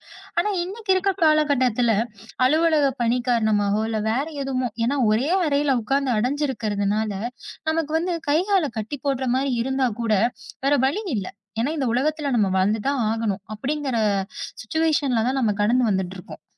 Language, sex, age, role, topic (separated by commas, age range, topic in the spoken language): Tamil, female, 30-34, guest, இயற்கையோடு நேரம் கழிப்பது உங்கள் மனநிலையை எப்படி மாற்றும்?